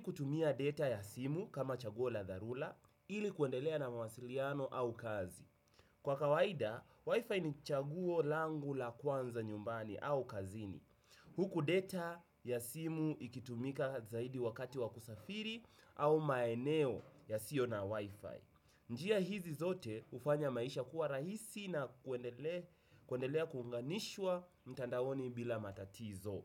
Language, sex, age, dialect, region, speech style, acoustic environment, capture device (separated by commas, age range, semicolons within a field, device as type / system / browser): Swahili, male, 18-24, Kenya - Nairobi Sheng-influenced, Kenya, excited; focused; relaxed; happy, people talking; music; nature; silence; appliances; construction; traffic, desktop / Windows / Chrome